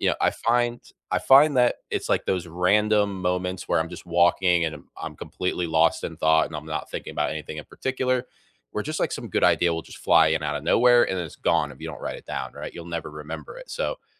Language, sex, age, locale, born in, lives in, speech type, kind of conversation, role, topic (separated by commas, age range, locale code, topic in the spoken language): English, male, 25-29, en-US, United States, United States, conversation, unstructured, none, Which study habits have genuinely worked for you, and what made them stick?